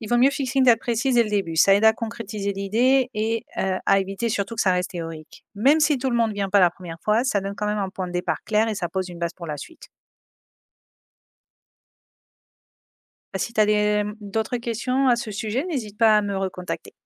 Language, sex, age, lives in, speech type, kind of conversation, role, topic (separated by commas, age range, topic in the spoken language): French, female, 35-39, Spain, read, expert-assistant, expert, Faut-il avoir peur ou espoir face aux changements du climat ?